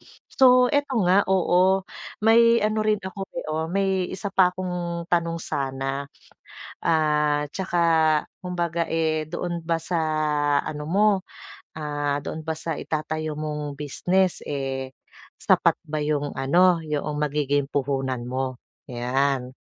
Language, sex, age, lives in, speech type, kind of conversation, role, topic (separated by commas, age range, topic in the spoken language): Filipino, female, 40-44, Philippines, conversation, advice, advisor, Paano ko mapapawi ang kaba at mapapalakas ang tiwala sa sarili sa paggawa ng mga desisyon sa negosyo?